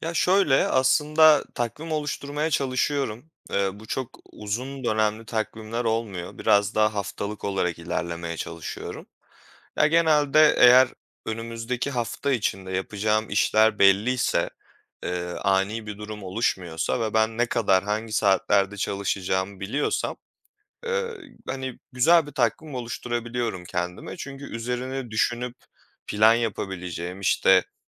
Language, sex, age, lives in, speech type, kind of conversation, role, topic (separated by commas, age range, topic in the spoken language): Turkish, male, 25-29, Poland, conversation, podcast, guest, Yoğun iş dönemlerinde ev işleriyle nasıl başa çıkıyorsunuz?